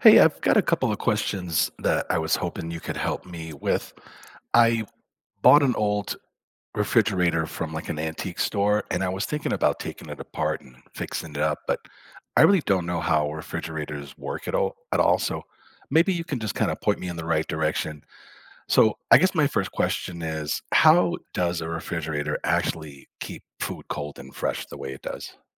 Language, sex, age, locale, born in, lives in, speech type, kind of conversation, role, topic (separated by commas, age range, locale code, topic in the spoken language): English, male, 55-59, en-US, United States, United States, read, expert-assistant, asker, How does a refrigerator keep food cold and fresh?